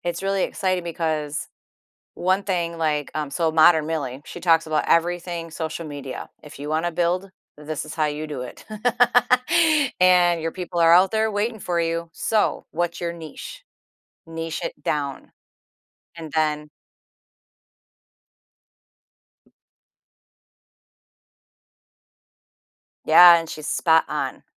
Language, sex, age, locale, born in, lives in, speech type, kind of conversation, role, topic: English, female, 50-54, en-US, United States, United States, conversation, advice, user, How do I turn my excitement about a personal project into a clear plan and next steps?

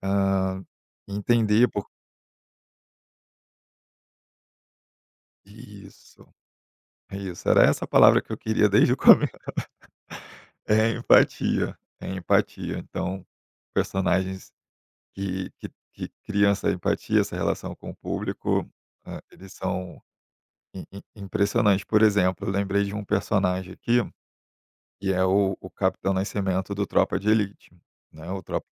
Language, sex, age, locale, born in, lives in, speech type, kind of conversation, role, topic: Portuguese, male, 30-34, pt-BR, Brazil, Germany, conversation, podcast, guest, O que faz um personagem ser realmente marcante?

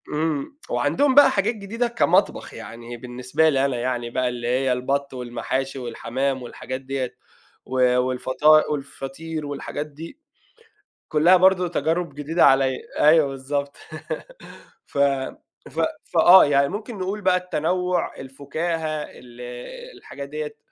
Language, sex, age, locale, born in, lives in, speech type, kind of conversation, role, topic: Arabic, male, 30-34, ar-EG, Saudi Arabia, Egypt, conversation, podcast, guest, إزاي بتحب تستقبل الضيوف في بيتك؟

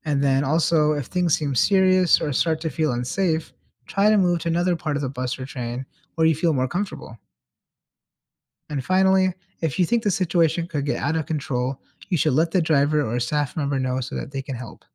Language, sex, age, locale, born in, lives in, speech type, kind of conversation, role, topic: English, male, 30-34, en-US, United States, United States, read, expert-assistant, expert, How can you safely and responsibly use public transportation?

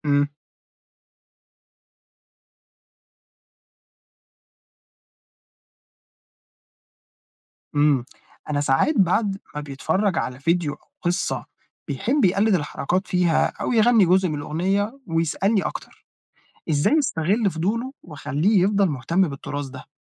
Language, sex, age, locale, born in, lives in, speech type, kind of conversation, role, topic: Arabic, male, 20-24, ar-EG, Egypt, Egypt, read, expert-assistant, asker, لو عايز أعرف أكتر عن أصل الفنون الشعبية المصرية، أدور فين وأعتمد على إيه؟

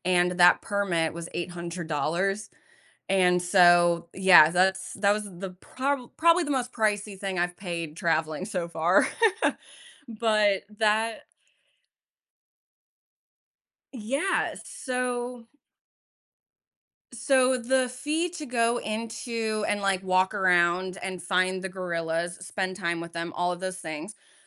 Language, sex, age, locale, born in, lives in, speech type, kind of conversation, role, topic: English, female, 30-34, en-US, United States, United States, conversation, podcast, guest, How can individuals make a meaningful impact in protecting endangered wildlife?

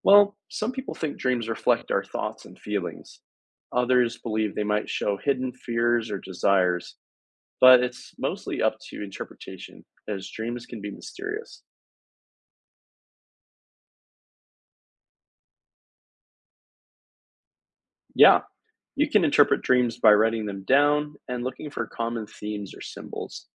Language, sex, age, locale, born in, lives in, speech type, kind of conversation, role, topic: English, male, 20-24, en-US, United States, United States, read, expert-assistant, expert, Why do people dream, and what can they mean?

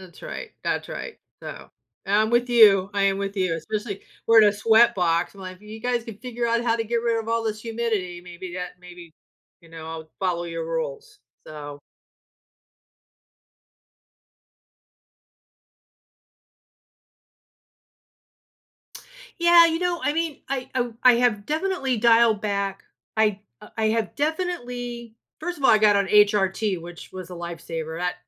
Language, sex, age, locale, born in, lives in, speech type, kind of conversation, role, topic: English, female, 55-59, en-US, United States, United States, conversation, advice, user, How can I cope with disappointment and stay motivated after not reaching a personal goal?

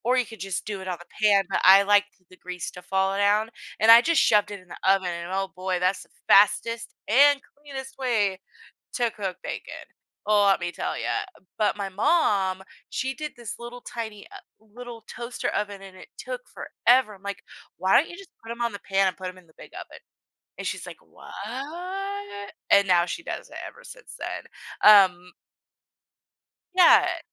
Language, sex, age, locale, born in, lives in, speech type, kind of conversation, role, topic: English, female, 30-34, en-US, United States, United States, conversation, podcast, guest, How does sharing food shape our relationships and sense of community?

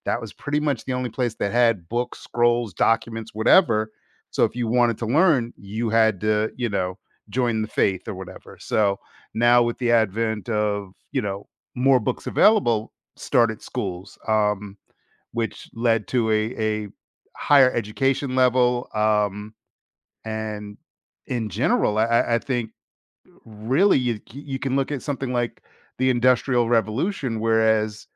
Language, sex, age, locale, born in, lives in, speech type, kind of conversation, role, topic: English, male, 50-54, en-US, United States, United States, conversation, podcast, guest, How did the printing press revolutionize the way people shared and accessed information?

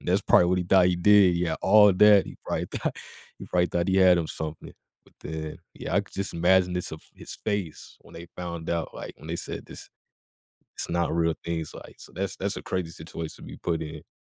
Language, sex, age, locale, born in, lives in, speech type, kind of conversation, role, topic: English, male, 20-24, en-US, United States, United States, conversation, podcast, guest, In what ways is technology transforming our approach to education and lifelong learning?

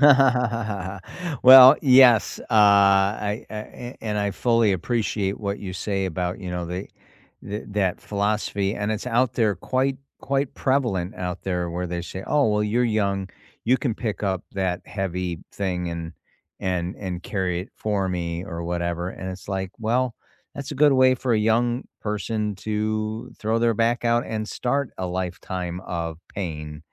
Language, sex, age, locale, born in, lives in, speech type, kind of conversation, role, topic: English, male, 55-59, en-US, United States, United States, conversation, unstructured, none, What’s a lesson you learned the hard way but still value?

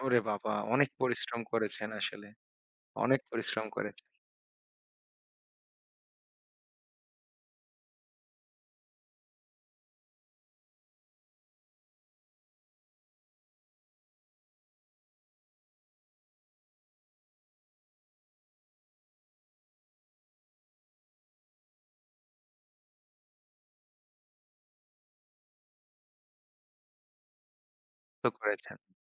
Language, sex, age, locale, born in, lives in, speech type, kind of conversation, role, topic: Bengali, male, 25-29, bn-BD, Bangladesh, Bangladesh, conversation, podcast, host, প্রকৃতপক্ষে বড় ধরনের জীবন বদলাতে চাইলে তুমি প্রথমে কী পদক্ষেপ নাও?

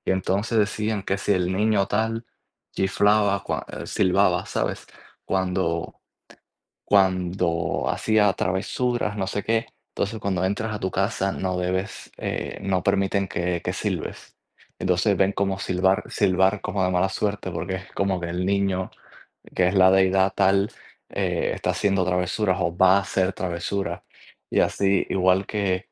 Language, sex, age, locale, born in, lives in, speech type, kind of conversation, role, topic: Spanish, male, 20-24, es-CU, Cuba, United States, conversation, unstructured, none, ¿Qué mito o leyenda de tu cultura recuerdas bien?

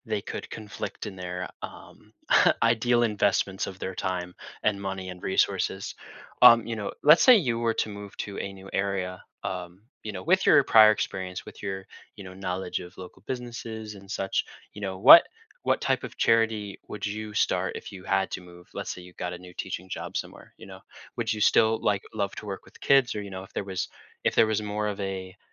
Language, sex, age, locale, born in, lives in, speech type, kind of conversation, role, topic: English, male, 20-24, en-US, United States, United States, conversation, podcast, host, What helps communities come together to make a real difference in solving local challenges?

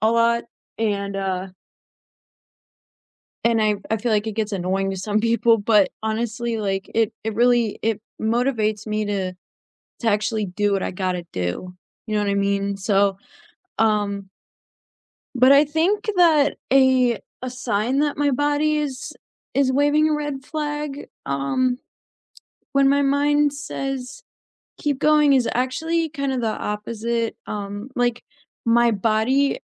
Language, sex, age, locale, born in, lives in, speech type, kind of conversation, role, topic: English, female, 20-24, en-US, United States, United States, conversation, unstructured, none, How do you deal with days when your body and mind disagree about what you can handle?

